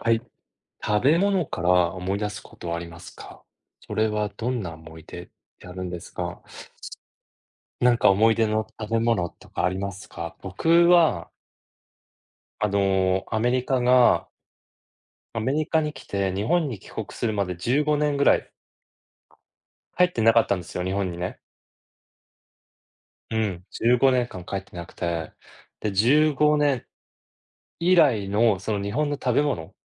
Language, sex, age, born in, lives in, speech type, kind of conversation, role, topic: Japanese, male, 40-44, Japan, United States, conversation, unstructured, none, 食べ物の味や匂いで思い出すことはありますか？どんな思い出ですか？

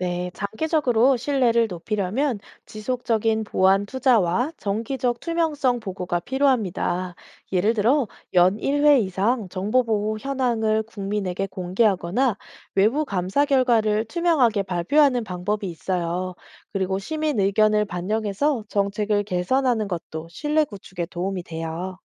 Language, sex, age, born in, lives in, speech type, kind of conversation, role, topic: Korean, female, 30-34, South Korea, South Korea, read, expert-assistant, expert, 기본소득 제도가 전 국민에게 도입된다면, 개인과 국가에 어떤 장단점이 있을까요?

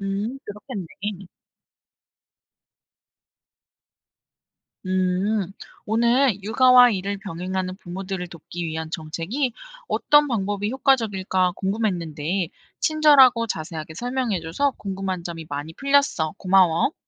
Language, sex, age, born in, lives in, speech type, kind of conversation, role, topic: Korean, female, 25-29, South Korea, South Korea, read, expert-assistant, asker, 육아와 일을 병행하는 부모들을 돕기 위한 정책, 어떤 방법이 효과적일까요?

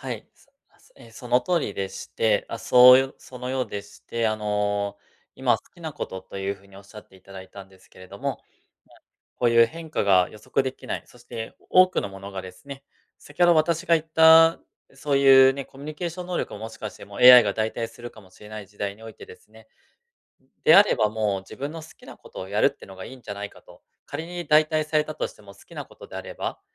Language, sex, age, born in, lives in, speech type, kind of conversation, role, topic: Japanese, male, 35-39, Japan, Japan, conversation, advice, advisor, 変化する状況に合わせて目標をどのように調整すればよいですか？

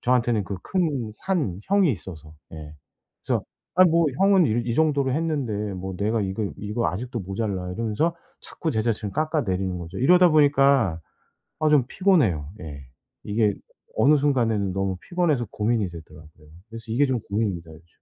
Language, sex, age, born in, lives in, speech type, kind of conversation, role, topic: Korean, male, 45-49, South Korea, South Korea, conversation, advice, user, 다른 사람과 비교하는 습관을 줄이고 나 자신을 더 잘 받아들이려면 어떻게 해야 할까요?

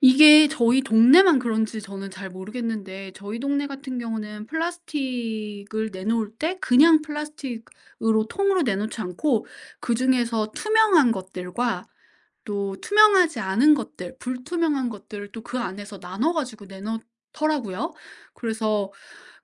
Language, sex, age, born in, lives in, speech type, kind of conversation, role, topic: Korean, female, 40-44, South Korea, United States, conversation, podcast, guest, 일상에서 플라스틱 사용을 가장 쉽게 줄이는 방법은 무엇인가요?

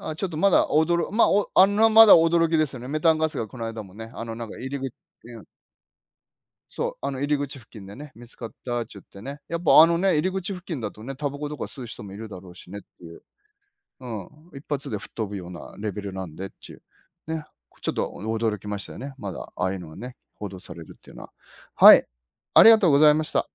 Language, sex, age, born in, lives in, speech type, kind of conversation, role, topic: Japanese, male, 50-54, Japan, Japan, conversation, unstructured, none, 最近のニュースで一番驚いたことは何ですか？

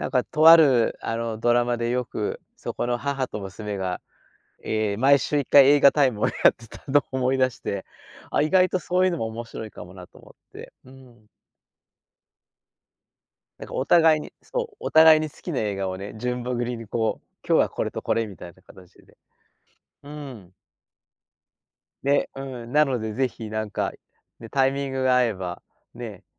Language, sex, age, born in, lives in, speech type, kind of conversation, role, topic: Japanese, male, 60-64, Japan, Japan, conversation, podcast, host, 映画でいちばん心を揺さぶられた場面は、どんなときでしたか？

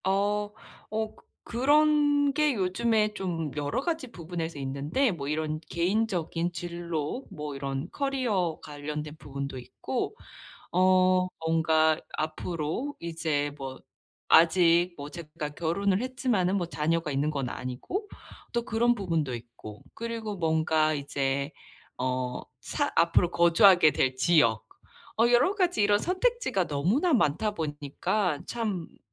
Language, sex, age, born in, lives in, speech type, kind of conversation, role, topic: Korean, female, 30-34, South Korea, United States, conversation, advice, user, 인생에서 서로 다른 경로들을 실용적으로 어떻게 비교하면 좋을까요?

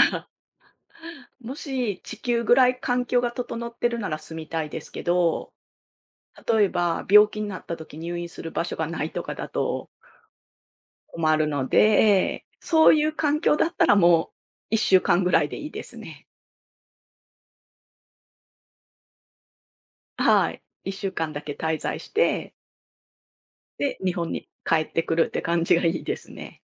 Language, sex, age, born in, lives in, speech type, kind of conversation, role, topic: Japanese, female, 45-49, Japan, Japan, conversation, unstructured, none, 将来の夢は何ですか？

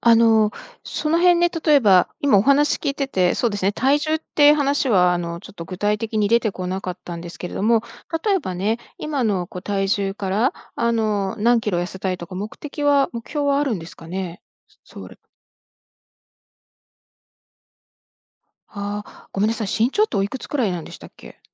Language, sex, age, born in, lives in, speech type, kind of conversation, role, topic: Japanese, female, 55-59, Japan, United States, conversation, advice, advisor, 運動しているのに体重や見た目に変化が出ない停滞感は、なぜ起こるのでしょうか？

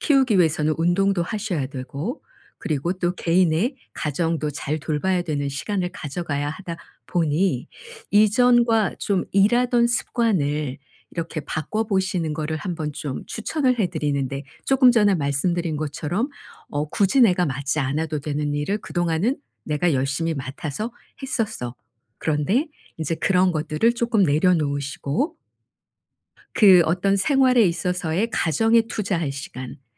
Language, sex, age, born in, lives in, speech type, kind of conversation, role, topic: Korean, female, 55-59, South Korea, South Korea, conversation, advice, advisor, 일과 삶의 균형을 유지하면서 장기 경력 계획은 어떻게 세워야 하나요?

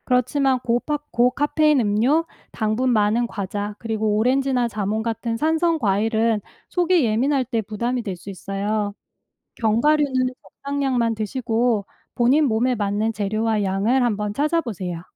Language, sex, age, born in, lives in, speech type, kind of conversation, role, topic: Korean, female, 45-49, South Korea, United States, read, expert-assistant, expert, 최근 체력 저하와 피로가 잦아졌는데, 식습관에서 어떤 부분을 점검해야 할까요?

